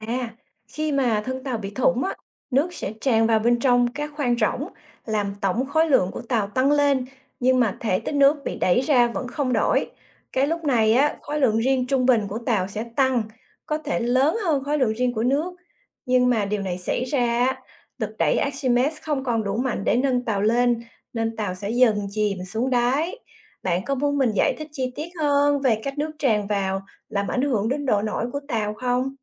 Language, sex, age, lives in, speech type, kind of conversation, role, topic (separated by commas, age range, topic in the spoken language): Vietnamese, female, 45-49, United States, read, expert-assistant, expert, Tại sao khi thả đá vào nước, đá lại chìm còn gỗ thì nổi?